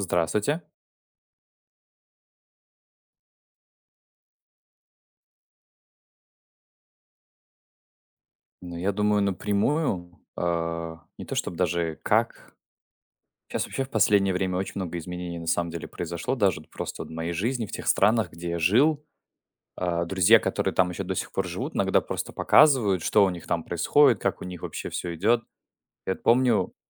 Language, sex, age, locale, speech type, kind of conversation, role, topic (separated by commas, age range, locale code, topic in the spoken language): Russian, male, 20-24, ru-RU, conversation, unstructured, none, Как изменение климата влияет на нашу жизнь?